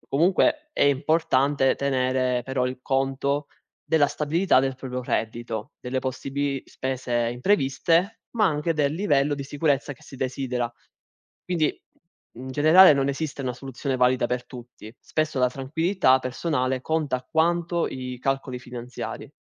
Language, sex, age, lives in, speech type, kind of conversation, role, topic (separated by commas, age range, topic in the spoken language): Italian, male, 20-24, Italy, read, expert-assistant, expert, È meglio investire i risparmi o estinguere prima i debiti?